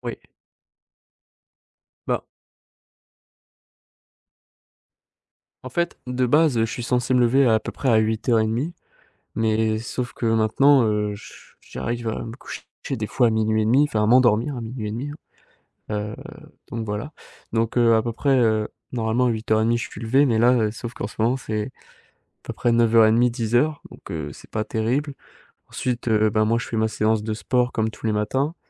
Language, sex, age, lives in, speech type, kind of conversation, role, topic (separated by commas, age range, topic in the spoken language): French, male, 18-19, France, conversation, advice, user, Pourquoi ai-je du mal à me détendre avant de me coucher, avec des pensées qui tournent en boucle ?